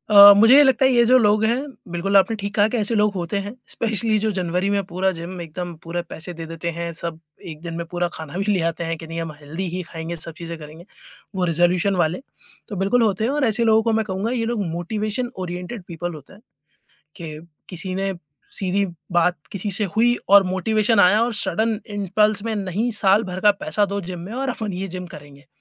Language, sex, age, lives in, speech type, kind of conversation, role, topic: Hindi, male, 30-34, India, conversation, podcast, guest, Busy din mein consistency kaise maintain karte ho, aur kaun se tips kaam aate hain?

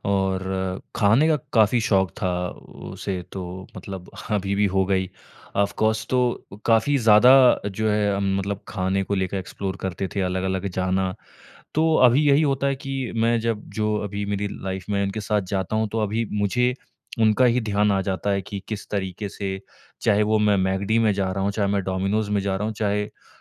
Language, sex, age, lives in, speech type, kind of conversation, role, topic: Hindi, male, 25-29, India, conversation, advice, user, पुरानी यादों से मुक्त होकर आगे कैसे बढ़ें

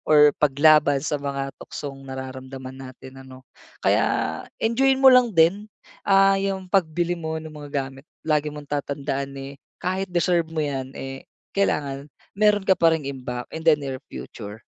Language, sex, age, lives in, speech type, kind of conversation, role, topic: Filipino, male, 30-34, Philippines, conversation, advice, advisor, Paano ko mahaharap at makokontrol ang tukso at mga bagay na nagpapalakas ng pagnanasa ko?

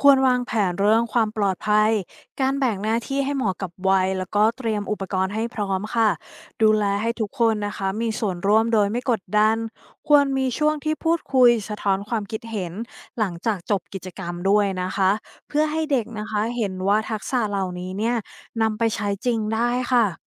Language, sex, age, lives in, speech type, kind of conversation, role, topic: Thai, female, 35-39, Thailand, read, expert-assistant, expert, มีวิธีไหนที่ช่วยให้หลักสูตรโรงเรียนตอบโจทย์ชีวิตประจำวันของเด็ก ๆ ได้จริงบ้าง?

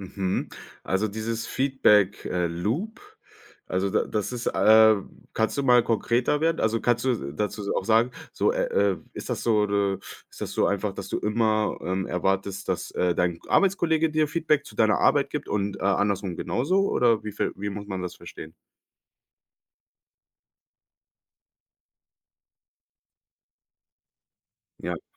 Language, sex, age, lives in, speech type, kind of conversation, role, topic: German, male, 25-29, Germany, conversation, podcast, host, Wie gehst du mit direkter Kritik um?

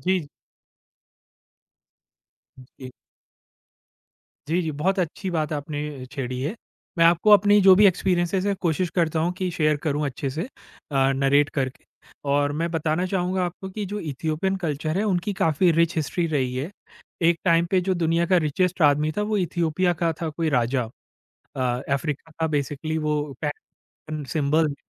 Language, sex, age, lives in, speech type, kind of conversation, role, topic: Hindi, male, 40-44, India, conversation, podcast, guest, Cultural roots tumhare dressing choices mein kaise nazar aate hain?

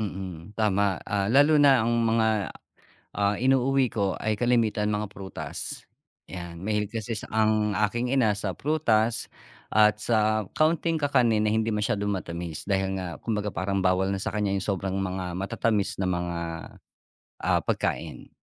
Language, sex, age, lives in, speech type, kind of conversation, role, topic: Filipino, male, 45-49, Philippines, conversation, podcast, guest, Paano mo binabalanse ang trabaho at pamilya?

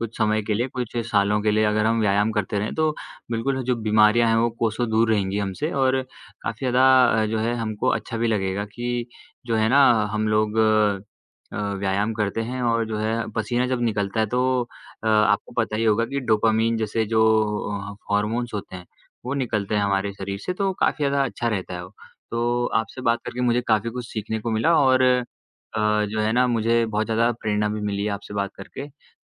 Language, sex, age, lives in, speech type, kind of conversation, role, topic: Hindi, male, 20-24, India, conversation, unstructured, none, आपको नियमित रूप से व्यायाम करने में सबसे बड़ी दिक्कत क्या लगती है?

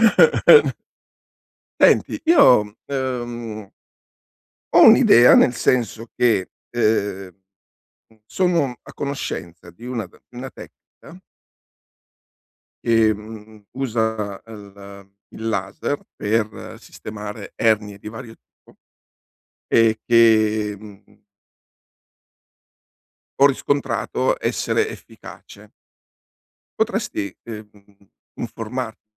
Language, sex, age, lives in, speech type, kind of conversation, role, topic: Italian, male, 60-64, Italy, conversation, advice, advisor, Come posso ritrovare la motivazione dopo un infortunio sportivo?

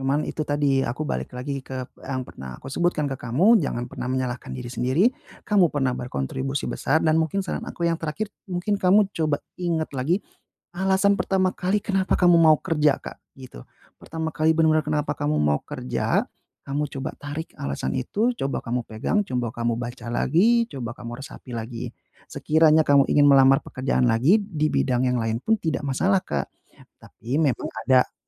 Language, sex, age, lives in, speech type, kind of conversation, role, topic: Indonesian, male, 30-34, Indonesia, conversation, advice, advisor, Bagaimana cara mengatasi perasaan kehilangan arah hidup tanpa tujuan yang jelas?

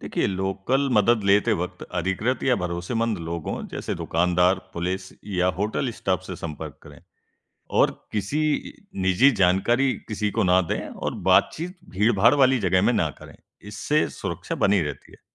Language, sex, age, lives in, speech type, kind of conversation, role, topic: Hindi, male, 20-24, India, read, expert-assistant, expert, अगर आपकी यात्रा की सारी योजना अचानक बदल जाए (जैसे फ्लाइट कैंसिल हो जाए या मौसम खराब हो), तो इन चुनौतियों से निपटने के व्यावहारिक तरीके क्या हैं और कौन से स्टेप्स तुरंत लेने चाहिए?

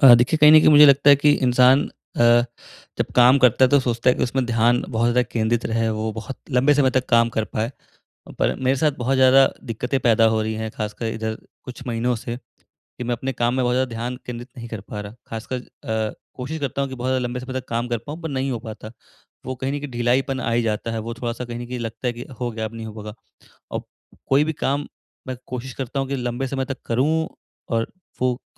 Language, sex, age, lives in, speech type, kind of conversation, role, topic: Hindi, male, 20-24, India, conversation, advice, user, मैं लंबे समय तक ध्यान कैसे केंद्रित रख सकता हूँ?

